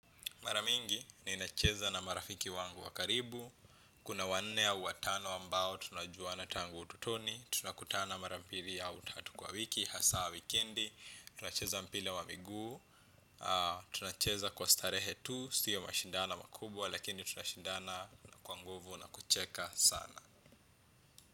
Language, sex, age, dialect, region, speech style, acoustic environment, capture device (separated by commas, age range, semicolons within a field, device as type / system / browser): Swahili, male, 25-34, Kenya - Nairobi Sheng-influenced, Kenya, focused, appliances, desktop / Windows / Chrome